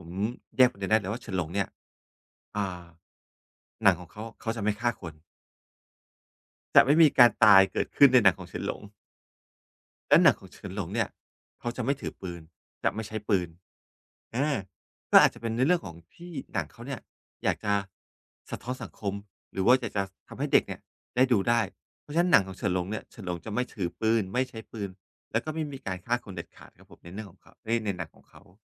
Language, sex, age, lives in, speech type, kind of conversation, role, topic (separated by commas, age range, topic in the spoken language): Thai, male, 45-49, Thailand, conversation, podcast, guest, คุณใช้เพลงหรือหนังช่วยจัดการความเครียดอย่างไรบ้าง?